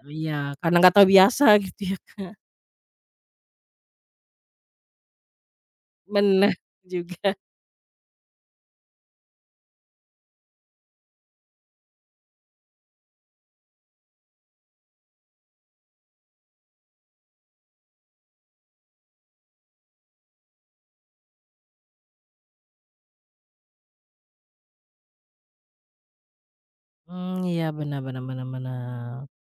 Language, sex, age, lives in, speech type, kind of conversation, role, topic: Indonesian, female, 30-34, Indonesia, conversation, podcast, host, Bagaimana cara mengajarkan anak tentang bahasa cinta tanpa memaksanya?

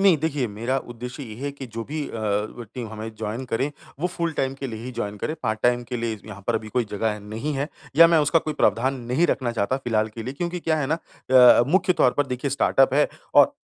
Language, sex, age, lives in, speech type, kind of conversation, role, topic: Hindi, male, 30-34, India, conversation, advice, user, सीमित संसाधनों में एक प्रभावी टीम कैसे बनाऊँ और उसका प्रबंधन कैसे करूँ?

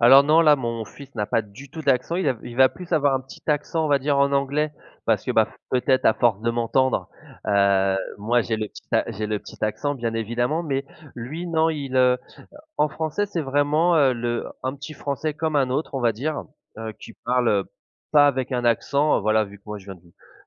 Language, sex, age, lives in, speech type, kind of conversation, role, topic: French, male, 35-39, France, conversation, podcast, guest, Comment transmets-tu ta langue aux plus jeunes ?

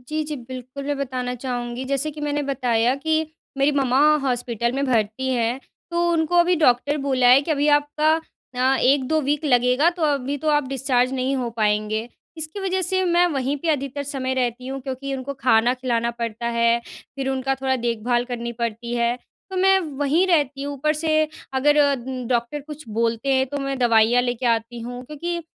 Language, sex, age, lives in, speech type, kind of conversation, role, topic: Hindi, female, 20-24, India, conversation, advice, user, मैं घर पर आराम करने की आदत कैसे बना सकता/सकती हूँ और मूवी, किताब व संगीत का आनंद कैसे ले सकता/सकती हूँ?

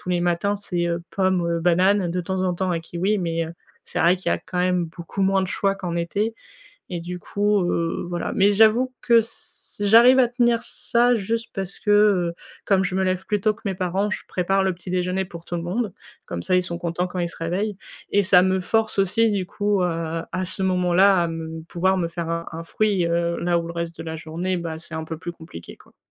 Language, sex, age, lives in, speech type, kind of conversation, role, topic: French, female, 20-24, France, conversation, advice, user, Pourquoi ai-je du mal à maintenir une routine quotidienne régulière ?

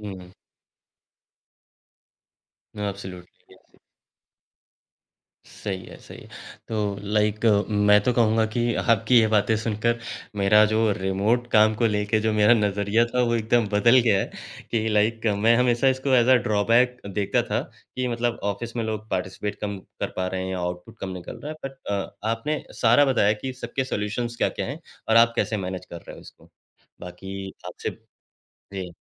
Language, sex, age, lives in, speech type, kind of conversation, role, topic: Hindi, male, 35-39, India, conversation, podcast, host, Remote kaam aur office culture ko tum kaise balance karte ho?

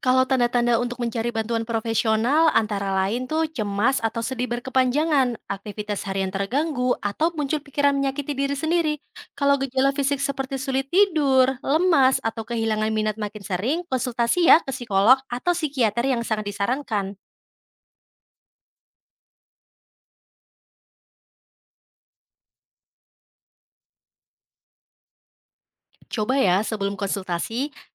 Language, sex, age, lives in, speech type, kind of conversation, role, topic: Indonesian, female, 25-29, Indonesia, read, expert-assistant, expert, Bagaimana olahraga berkontribusi pada kesehatan mental dan bagaimana memulainya jika merasa kurang termotivasi?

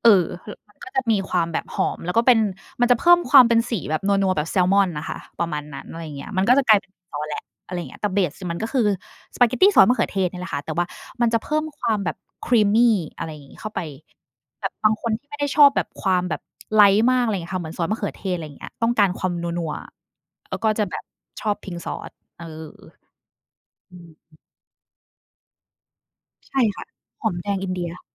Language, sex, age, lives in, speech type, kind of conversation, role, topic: Thai, female, 30-34, Thailand, conversation, unstructured, none, คุณคิดว่าอาหารจานไหนทำให้คุณมีความสุขที่สุด?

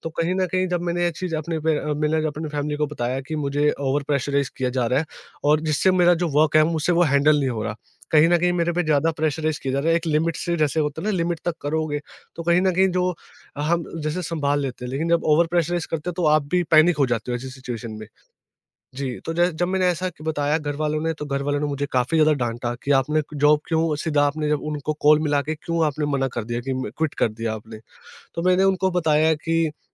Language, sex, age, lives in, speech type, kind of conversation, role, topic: Hindi, male, 20-24, India, conversation, podcast, guest, Job change ke baad family aur friends ka reaction tumne kaise handle kiya?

